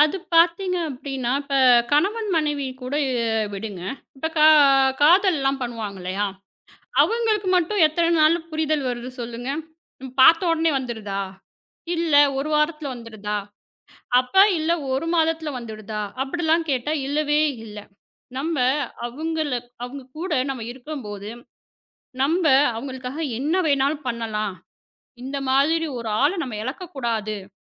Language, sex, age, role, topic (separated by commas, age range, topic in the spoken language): Tamil, female, 35-39, guest, கணவன்‑மனைவி வாழ்க்கையில் சமநிலை நிலைத்திருக்க என்ன முக்கியம்?